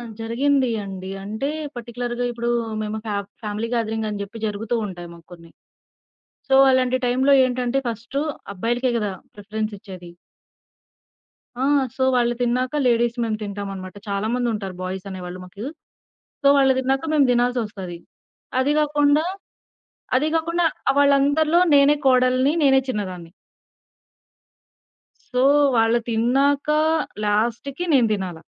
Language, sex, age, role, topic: Telugu, female, 20-24, guest, ఎవరైనా మీతో ఆహారం పంచుకున్నారా, ఆ అనుభవం మీకు ఎలా అనిపించింది?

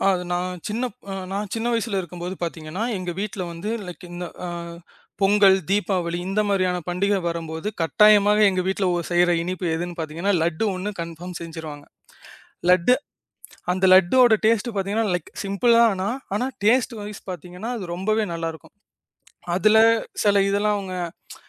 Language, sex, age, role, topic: Tamil, male, 25-29, guest, பண்டிகையை நினைவில் நிறுத்தும் அளவுக்கு சுவையான உணவுகளை எப்படி தயார் செய்வது?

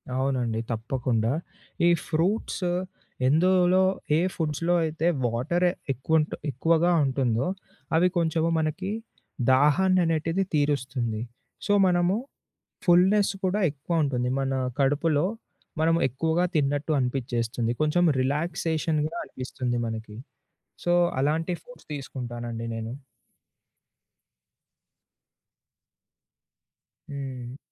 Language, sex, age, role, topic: Telugu, male, 20-24, guest, ఒత్తిడిని తగ్గించుకోవడానికి మీరు రోజూ చేసే అప్రమత్తత సాధన ఏమిటి?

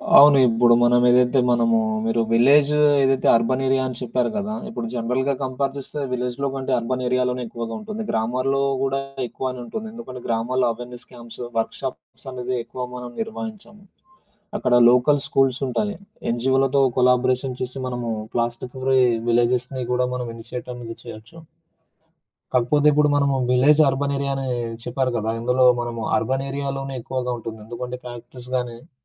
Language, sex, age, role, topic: Telugu, male, 25-29, guest, ప్లాస్టిక్ కాలుష్యం గురించి మీ అభిప్రాయం ఏమిటి?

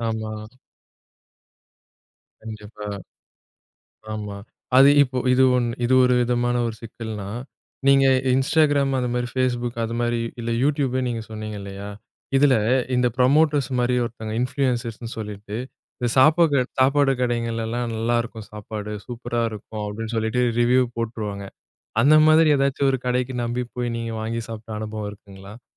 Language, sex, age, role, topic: Tamil, male, 30-34, host, சமூக வலைதளங்களில் மற்றவர்களுடன் உங்களை ஒப்பிட்டு மனம் பாதிக்கப்படும்போது நீங்கள் என்ன செய்வீர்கள்?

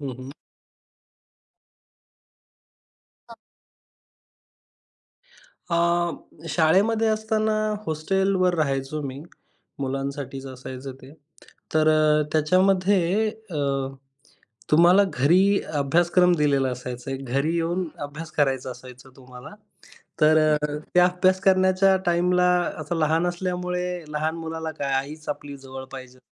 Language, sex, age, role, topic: Marathi, male, 25-29, guest, बाळपणीच्या गाण्यांचा तुमच्यावर काय प्रभाव पडला आहे?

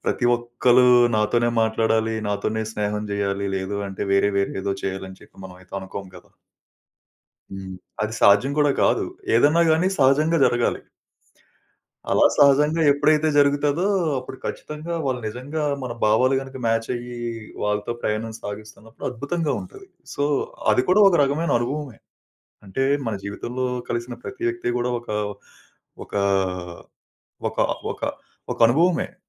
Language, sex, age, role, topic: Telugu, male, 30-34, guest, ఏ అనుభవం మీ దృష్టికోణాన్ని మార్చింది?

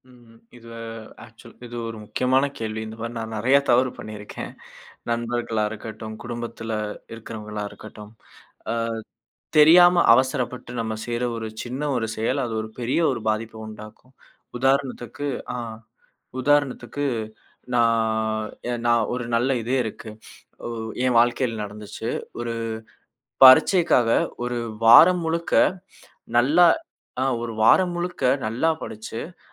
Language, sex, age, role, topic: Tamil, male, 25-29, guest, மீண்டும் அதே தவறை செய்யாமல் இருக்க நீங்கள் எந்தப் பழக்கத்தை மாற்றினீர்கள்?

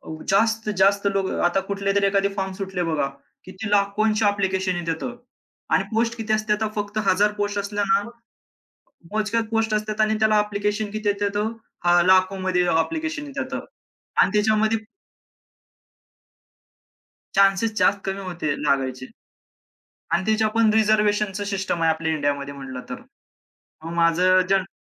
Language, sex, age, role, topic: Marathi, male, 25-29, guest, तुला एखादा खराब सल्ला मिळाल्यावर त्यातून तू काय शिकलास?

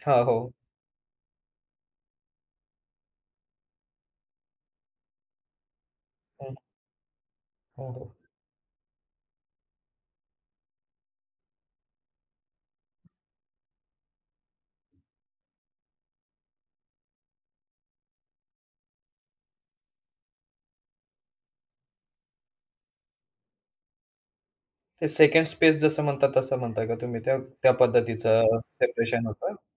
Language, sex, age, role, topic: Marathi, male, 35-39, host, व्यावसायिक संदेश आणि खाजगी संदेश यांमध्ये तुम्ही फरक कसा करता?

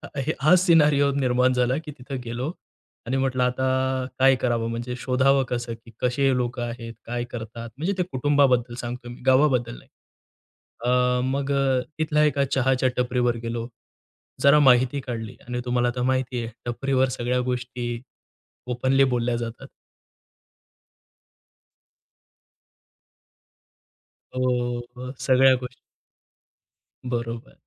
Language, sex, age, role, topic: Marathi, male, 45-49, guest, अज्ञात गावात तुम्ही सर्वात आधी काय कराल?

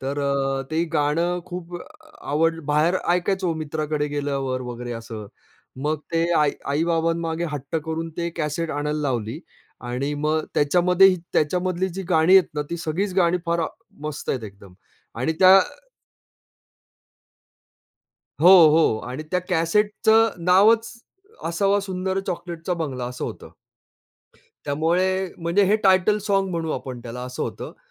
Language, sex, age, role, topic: Marathi, male, 45-49, guest, लहानपणी तुला कोणती गाणी सर्वाधिक आवडायची?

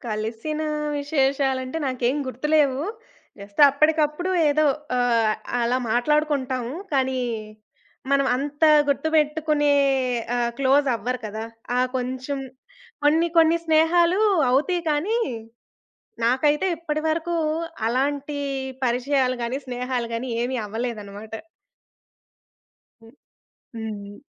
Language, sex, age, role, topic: Telugu, female, 20-24, guest, మీ జీవితంలో మీకు మర్చిపోలేని ప్రయాణ అనుభవం ఏది?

